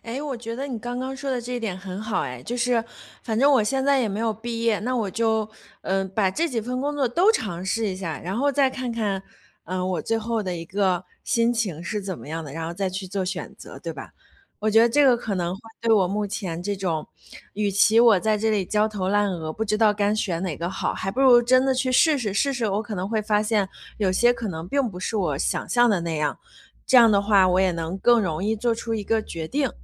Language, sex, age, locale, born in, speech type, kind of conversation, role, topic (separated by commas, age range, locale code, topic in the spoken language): Chinese, female, 30-34, zh-CN, China, conversation, advice, user, 我想法很多却一直无法决定方向，该怎么办？